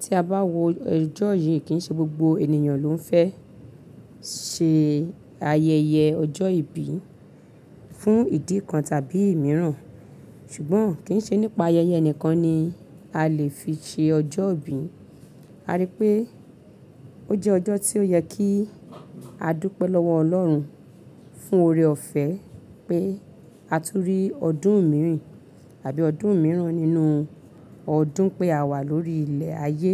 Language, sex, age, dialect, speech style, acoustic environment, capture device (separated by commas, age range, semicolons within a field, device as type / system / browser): Yoruba, female, 35-44, Nigeria - Lagos Yoruba, happy; focused; relaxed, silence, mobile / Linux / Chrome